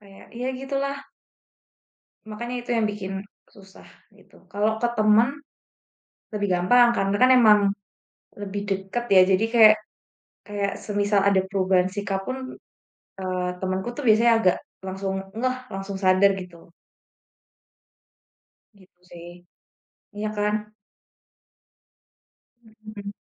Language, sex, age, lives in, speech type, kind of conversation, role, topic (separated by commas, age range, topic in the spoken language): Indonesian, female, 25-29, Indonesia, conversation, unstructured, none, Bagaimana cara kamu mengekspresikan diri dalam kehidupan sehari-hari?